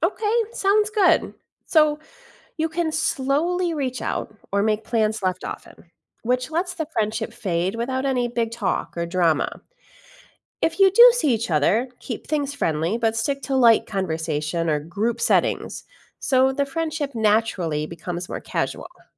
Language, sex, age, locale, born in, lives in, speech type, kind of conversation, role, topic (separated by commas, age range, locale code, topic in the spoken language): English, female, 45-49, en-US, United States, United States, read, expert-assistant, expert, Why do people form close friendships with certain individuals?